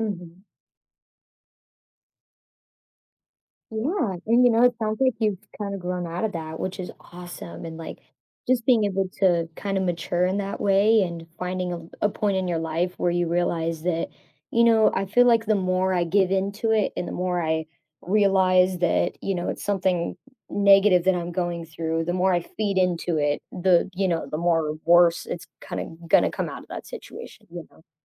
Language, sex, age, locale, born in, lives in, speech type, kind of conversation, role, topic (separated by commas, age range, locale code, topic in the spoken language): English, female, 25-29, en-US, United States, United States, conversation, advice, advisor, How can I manage stress and balance school, sports, and family responsibilities without burning out?